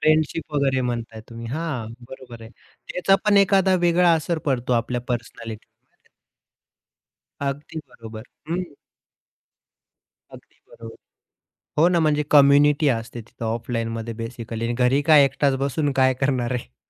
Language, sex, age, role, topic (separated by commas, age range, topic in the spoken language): Marathi, male, 30-34, guest, ऑनलाइन शिक्षणामुळे मुलांच्या शिकण्यात काय फरक पडला?